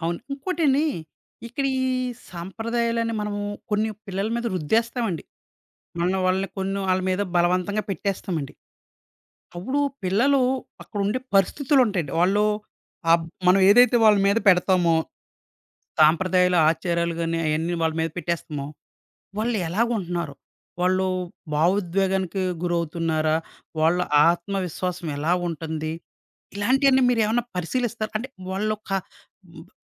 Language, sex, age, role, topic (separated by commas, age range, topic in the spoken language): Telugu, male, 30-34, host, పిల్లలకు ఆజ్ఞలు ఇవ్వడం, మార్గదర్శకత్వం ఇవ్వడం గురించి తరాల అభిప్రాయాలు ఎలా భిన్నంగా ఉంటాయి?